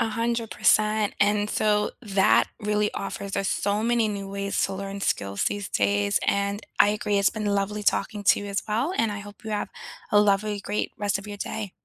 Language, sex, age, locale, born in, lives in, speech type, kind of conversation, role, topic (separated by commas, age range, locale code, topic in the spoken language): English, female, 35-39, en-US, United States, United States, conversation, unstructured, none, How do you prefer to learn new skills these days?